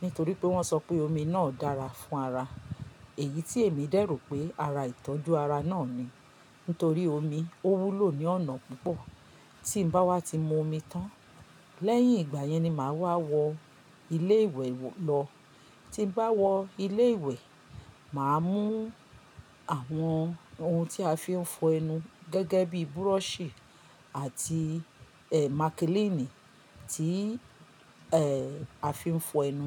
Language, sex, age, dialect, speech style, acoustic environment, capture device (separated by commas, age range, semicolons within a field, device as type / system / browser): Yoruba, female, 45-54, Nigeria - Lagos Yoruba, happy, silence, mobile / Linux / Chrome